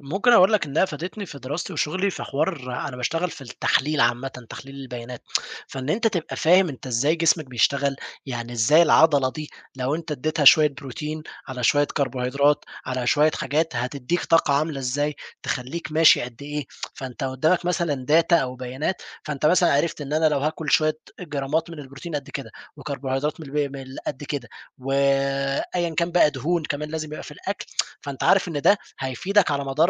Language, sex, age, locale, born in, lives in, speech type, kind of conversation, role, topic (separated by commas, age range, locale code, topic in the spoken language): Arabic, male, 20-24, ar-EG, Egypt, Egypt, conversation, podcast, guest, إزاي بتنظّم وقتك عشان تبقى منتج في هواياتك؟